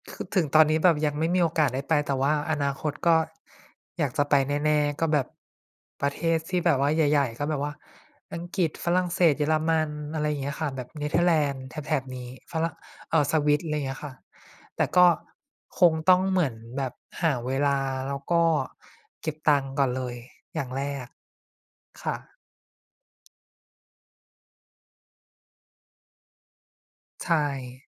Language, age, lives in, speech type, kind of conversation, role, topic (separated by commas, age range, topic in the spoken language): Thai, 25-29, Thailand, conversation, unstructured, none, สถานที่ในฝันที่คุณอยากไปพักผ่อนคือที่ไหน?